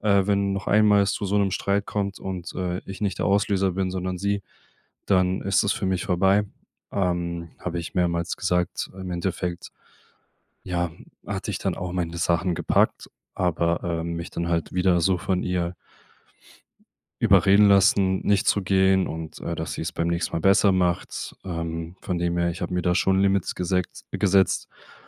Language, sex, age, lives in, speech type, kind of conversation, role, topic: German, male, 25-29, Germany, conversation, podcast, guest, Wie versöhnst du dich mit jemandem, der dich enttäuscht hat?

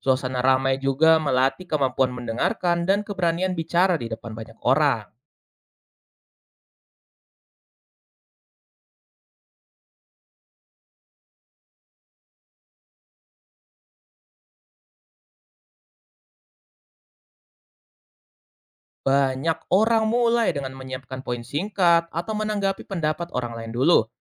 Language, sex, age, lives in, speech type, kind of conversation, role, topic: Indonesian, male, 20-24, Indonesia, read, expert-assistant, expert, Bagaimana cara saya mengembangkan cara belajar yang lebih sesuai dengan gaya saya sendiri?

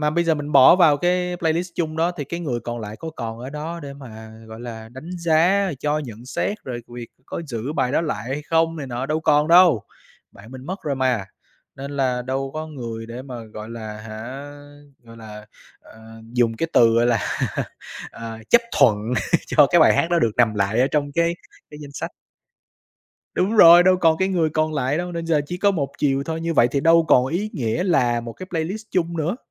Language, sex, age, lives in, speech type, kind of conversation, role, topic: Vietnamese, male, 25-29, Vietnam, conversation, podcast, guest, Bạn có kỷ niệm đặc biệt nào gắn với một danh sách phát chung không?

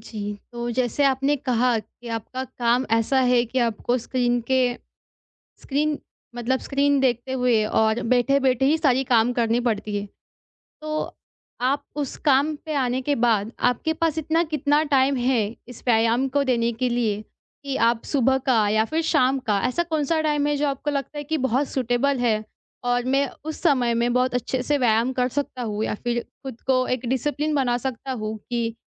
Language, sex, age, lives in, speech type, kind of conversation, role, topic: Hindi, female, 20-24, India, conversation, advice, advisor, कम समय और मन न होने पर भी मैं व्यायाम में नियमित कैसे रहूँ?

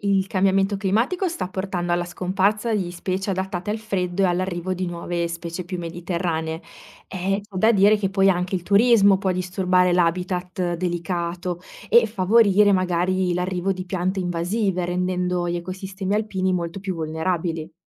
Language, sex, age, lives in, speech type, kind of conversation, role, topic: Italian, female, 30-34, Italy, read, expert-assistant, expert, Conosci qualche documentario o programma radio che spiega in modo avvincente come si sono formate le montagne?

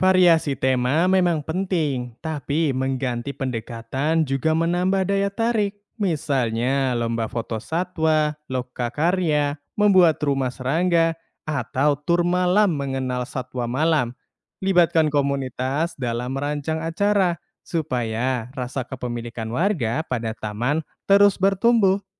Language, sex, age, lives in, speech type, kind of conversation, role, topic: Indonesian, male, 20-24, Indonesia, read, expert-assistant, expert, Bagaimana cara terbaik merancang taman kota agar ramah bagi manusia sekaligus mendukung keanekaragaman hayati?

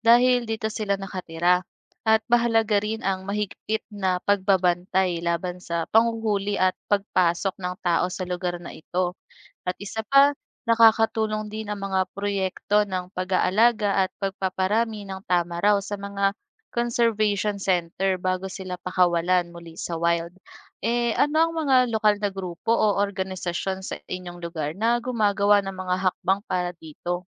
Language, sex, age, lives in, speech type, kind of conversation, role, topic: Filipino, female, 25-29, Philippines, read, expert-assistant, expert, Ano ang mga paraan ng pag-aalaga sa mga endangered species?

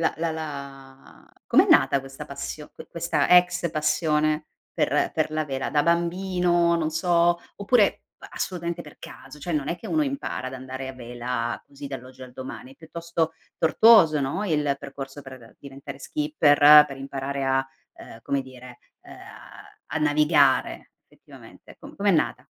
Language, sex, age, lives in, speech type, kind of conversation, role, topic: Italian, female, 35-39, Italy, conversation, podcast, host, Puoi raccontarmi di una sfida che ti ha cambiato la vita?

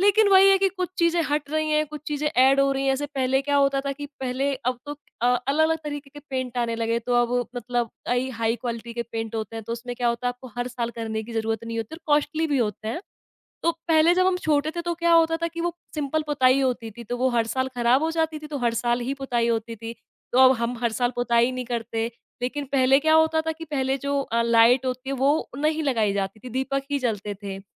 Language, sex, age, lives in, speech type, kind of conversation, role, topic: Hindi, female, 25-29, India, conversation, podcast, guest, Tumhe bachpan se kaunsi ek parampara sabse zyada yaad hai?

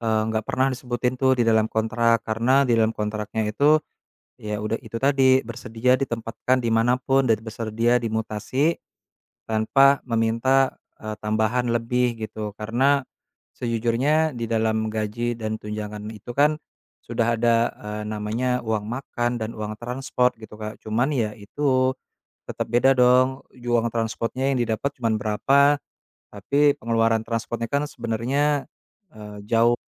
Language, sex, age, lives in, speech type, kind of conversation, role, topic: Indonesian, male, 30-34, Indonesia, conversation, advice, user, Seberapa berat kewajiban finansial Anda kepada keluarga saat ini, dan apa yang paling membuat Anda merasa terbebani?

